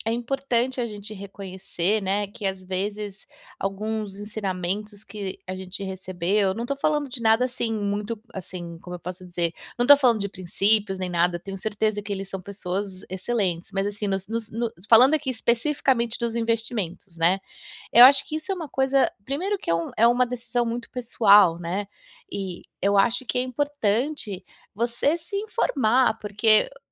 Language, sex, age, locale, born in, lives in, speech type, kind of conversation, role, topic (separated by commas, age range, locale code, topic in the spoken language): Portuguese, female, 35-39, pt-BR, Brazil, United States, conversation, advice, advisor, Como posso quebrar padrões repetitivos na minha família?